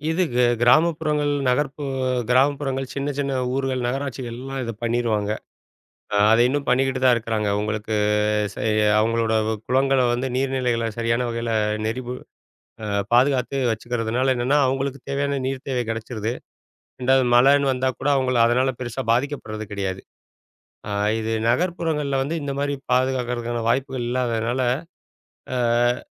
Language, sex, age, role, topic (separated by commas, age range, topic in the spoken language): Tamil, male, 40-44, guest, மழைநீரை எளிதாகச் சேமிக்க என்ன செய்ய வேண்டும்?